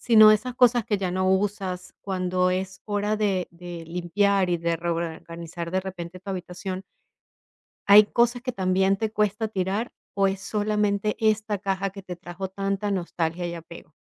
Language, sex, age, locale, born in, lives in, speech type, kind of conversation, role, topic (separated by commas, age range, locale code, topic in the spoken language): Spanish, female, 45-49, es-VE, Venezuela, United States, conversation, advice, advisor, ¿Cómo puedo manejar el miedo a desechar objetos con valor sentimental?